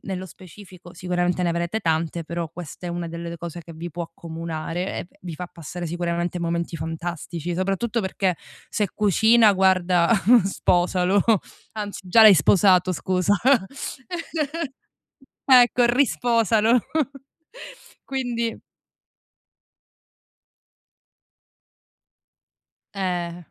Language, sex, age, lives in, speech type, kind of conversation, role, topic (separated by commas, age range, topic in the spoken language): Italian, female, 30-34, Germany, conversation, advice, advisor, Come posso capire se sto comprando per un bisogno reale o perché influenzato dalla moda e dai social media?